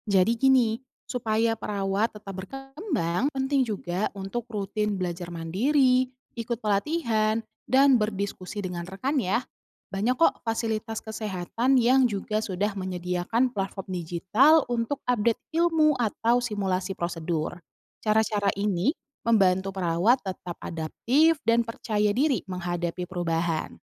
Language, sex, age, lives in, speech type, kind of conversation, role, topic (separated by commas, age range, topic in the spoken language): Indonesian, female, 30-34, Indonesia, read, expert-assistant, expert, Bagaimana Cara Efektif Perawat Belajar dan Tetap Termotivasi di Tempat Kerja?